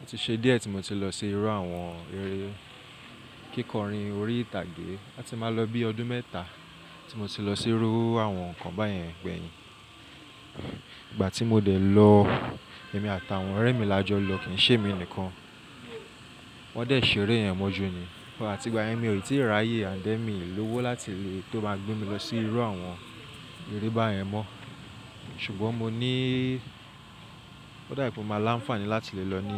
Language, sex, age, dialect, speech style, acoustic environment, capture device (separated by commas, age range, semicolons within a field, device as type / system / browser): Yoruba, male, 25-34, Nigeria - Lagos Yoruba, relaxed, silence; people talking; nature, mobile / Linux / Chrome